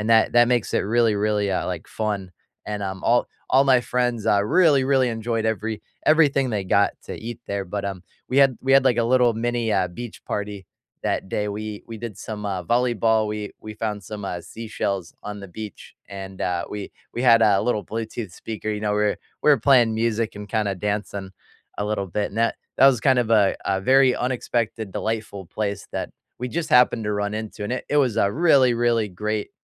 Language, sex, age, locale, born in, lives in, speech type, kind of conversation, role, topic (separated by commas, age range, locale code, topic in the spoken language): English, male, 25-29, en-US, United States, United States, conversation, unstructured, none, What is the most unexpectedly delightful place you've visited close to home?